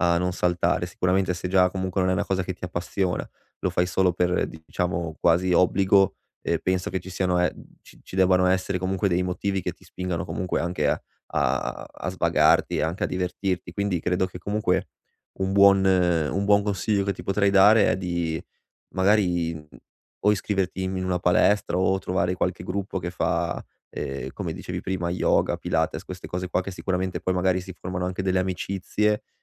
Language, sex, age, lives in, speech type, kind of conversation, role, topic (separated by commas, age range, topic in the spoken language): Italian, male, 25-29, Italy, conversation, advice, advisor, Come posso smettere di saltare gli allenamenti e riuscire a tornare in pista?